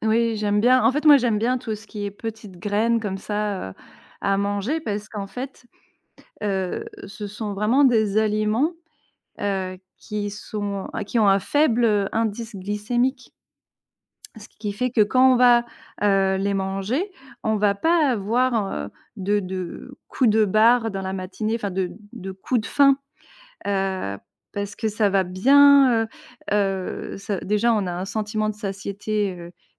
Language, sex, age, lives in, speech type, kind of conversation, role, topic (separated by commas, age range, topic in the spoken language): French, female, 35-39, France, conversation, podcast, guest, Quel est ton petit-déjeuner type, si tu en as un ?